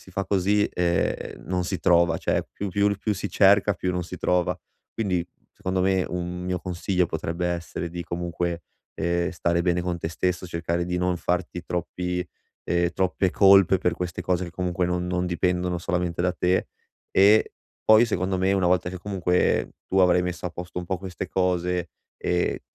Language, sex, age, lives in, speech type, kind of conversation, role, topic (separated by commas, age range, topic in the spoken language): Italian, male, 25-29, Italy, conversation, advice, advisor, Come posso seguire il mio ritmo senza sentirmi sotto pressione per il matrimonio e la carriera?